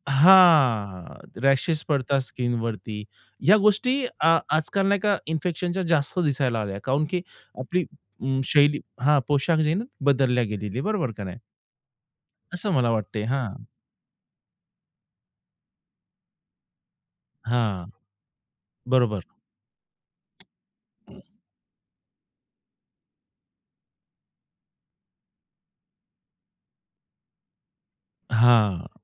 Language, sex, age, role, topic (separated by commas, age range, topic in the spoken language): Marathi, male, 30-34, guest, परंपरा आणि आधुनिक फॅशनमध्ये तुम्ही कसा समतोल साधता?